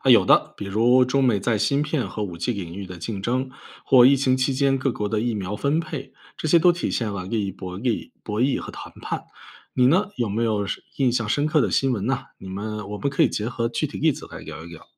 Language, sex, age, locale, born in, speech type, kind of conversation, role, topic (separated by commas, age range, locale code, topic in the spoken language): Chinese, male, 35-39, zh-CN, China, read, expert-assistant, expert, 我怎么能更好地看懂不同国家之间的互动？